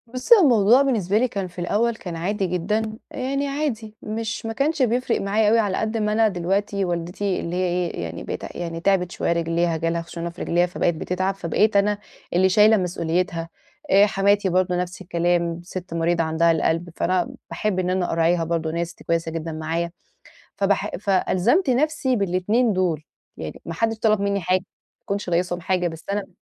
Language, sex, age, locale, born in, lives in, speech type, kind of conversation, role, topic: Arabic, female, 30-34, ar-EG, Egypt, Portugal, conversation, advice, user, إزاي أبطل أحس بالذنب وأنا مسترخي في البيت؟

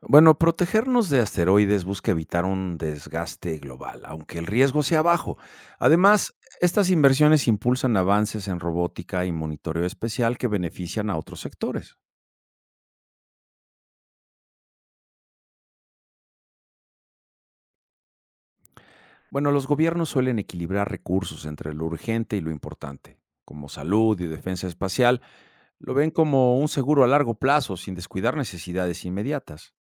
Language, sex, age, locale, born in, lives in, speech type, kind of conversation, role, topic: Spanish, male, 50-54, es-MX, Mexico, Mexico, read, expert-assistant, expert, ¿Cuáles serían los costos y beneficios de desarrollar tecnologías para desviar posibles asteroides peligrosos para nuestro planeta?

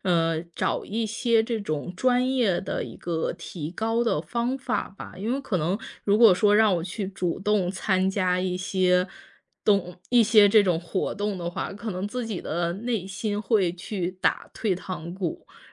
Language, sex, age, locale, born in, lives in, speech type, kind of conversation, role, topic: Chinese, female, 30-34, zh-CN, China, United States, conversation, advice, user, 如何克服在会议或公众场合发言的恐惧？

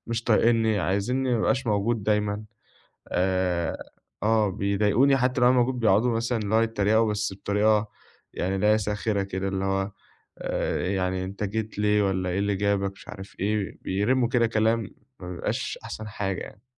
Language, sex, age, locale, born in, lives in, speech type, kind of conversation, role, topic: Arabic, male, 20-24, ar-EG, Egypt, Egypt, conversation, advice, user, إزاي بتوصف إحساسك إنك مستبعد من شلة صحابك؟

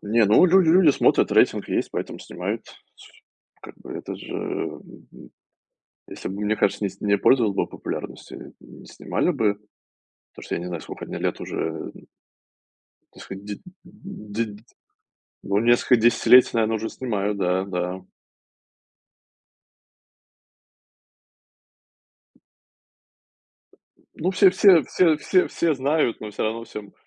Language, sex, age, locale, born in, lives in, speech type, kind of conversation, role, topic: Russian, male, 35-39, ru-RU, Russia, United States, conversation, unstructured, none, Что тебя раздражает в современных телешоу?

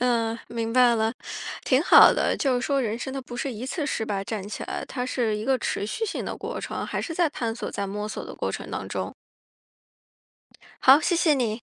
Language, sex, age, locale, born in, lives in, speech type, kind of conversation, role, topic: Chinese, female, 35-39, zh-CN, China, United States, conversation, podcast, host, 你是如何从失败中重新振作，并继续前进的？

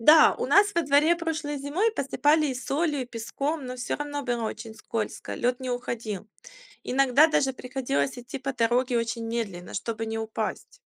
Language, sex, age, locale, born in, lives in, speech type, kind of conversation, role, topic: Russian, female, 35-39, ru-UA, Ukraine, Spain, read, expert-assistant, asker, Почему зимой идет снег?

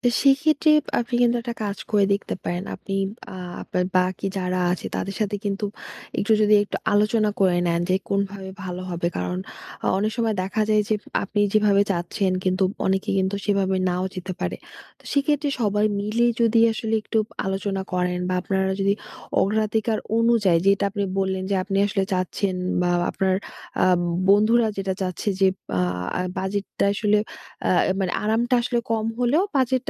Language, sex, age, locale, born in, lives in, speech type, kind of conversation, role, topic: Bengali, female, 20-24, bn-BD, Bangladesh, Bangladesh, conversation, advice, advisor, আমি কীভাবে ভ্রমণের জন্য একটি বাস্তবসম্মত বাজেট তৈরি করতে পারি?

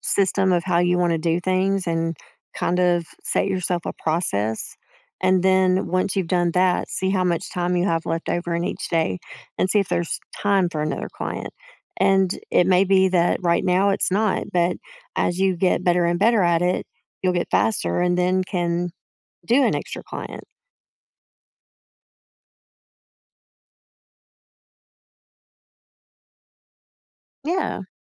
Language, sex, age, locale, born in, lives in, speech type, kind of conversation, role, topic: English, female, 45-49, en-US, United States, United States, conversation, advice, advisor, How can I manage stress from daily responsibilities without feeling overwhelmed?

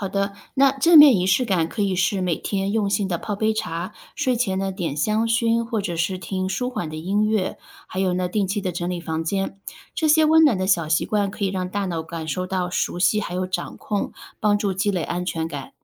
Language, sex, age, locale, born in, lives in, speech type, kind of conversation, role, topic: Chinese, female, 35-39, zh-CN, China, United States, read, expert-assistant, expert, 在忙碌生活中，哪些心理健康问题最值得优先关注？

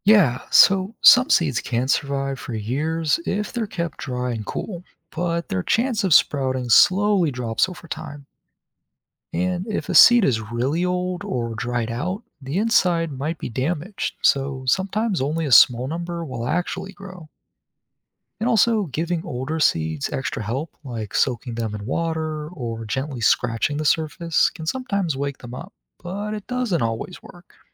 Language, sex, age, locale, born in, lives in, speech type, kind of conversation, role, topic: English, male, 20-24, en-US, United States, United States, read, expert-assistant, expert, How do seeds grow into plants?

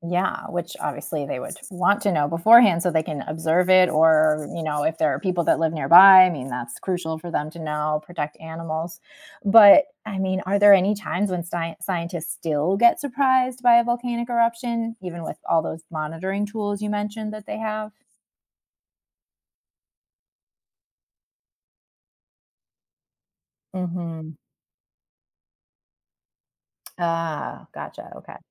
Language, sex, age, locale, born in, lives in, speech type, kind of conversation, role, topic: English, female, 40-44, en-US, United States, United States, read, expert-assistant, asker, How do volcanoes form and what happens during an eruption?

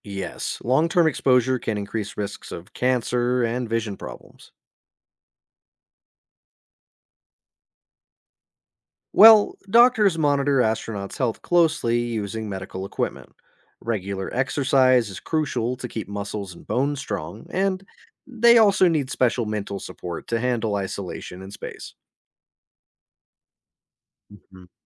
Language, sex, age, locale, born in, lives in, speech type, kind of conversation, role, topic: English, male, 30-34, en-US, United States, United States, read, expert-assistant, expert, What are the main challenges of space exploration?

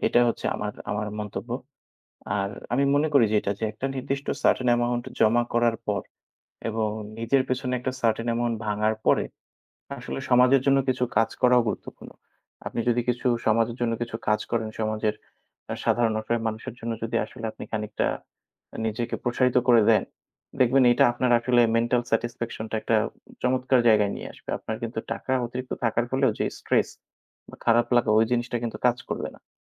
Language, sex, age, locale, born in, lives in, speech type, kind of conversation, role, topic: Bengali, male, 25-29, bn-BD, Bangladesh, Bangladesh, conversation, unstructured, none, টাকা নিয়ে মানসিক চাপ কমানোর উপায় কি আপনার জানা আছে?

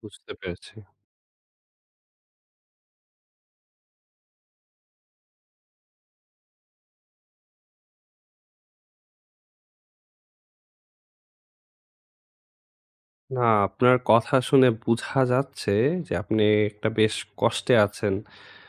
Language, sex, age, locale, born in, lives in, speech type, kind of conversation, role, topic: Bengali, male, 20-24, bn-BD, Bangladesh, Bangladesh, conversation, advice, advisor, বন্ধুত্বে আমি কেন হিংসা বা প্রতিযোগিতা অনুভব করি?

